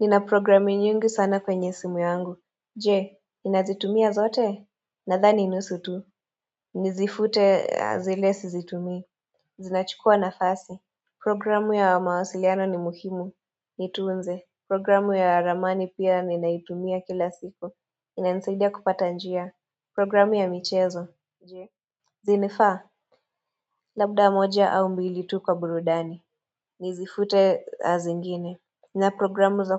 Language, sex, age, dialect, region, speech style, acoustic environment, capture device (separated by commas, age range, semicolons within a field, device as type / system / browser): Swahili, female, 25-34, Kenya - Nairobi Sheng-influenced, Kenya, relaxed, silence, mobile / Android / Chrome